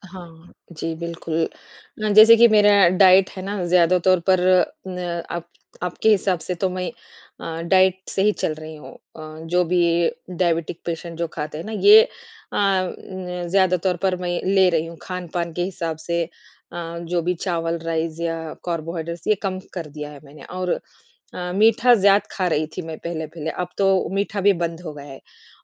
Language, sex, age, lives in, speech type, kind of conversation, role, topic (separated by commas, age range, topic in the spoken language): Hindi, female, 40-44, India, conversation, advice, user, मेरे व्यायाम कार्यक्रम का परिणाम क्यों नहीं दिख रहा है?